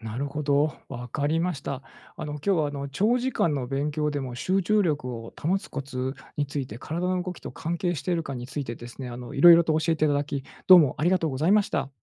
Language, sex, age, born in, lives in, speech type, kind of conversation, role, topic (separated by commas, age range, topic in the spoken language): Japanese, male, 45-49, Japan, Japan, read, expert-assistant, asker, 長時間の勉強でも集中力を保つコツは、体の働きと関係していますか？